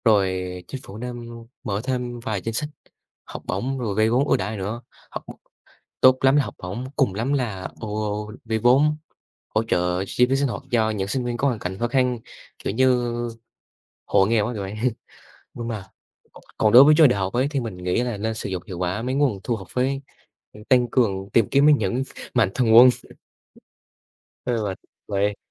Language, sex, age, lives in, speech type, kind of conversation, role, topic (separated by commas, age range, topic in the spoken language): Vietnamese, male, 18-19, Vietnam, conversation, unstructured, none, Tại sao nhiều người phản đối việc tăng học phí đại học?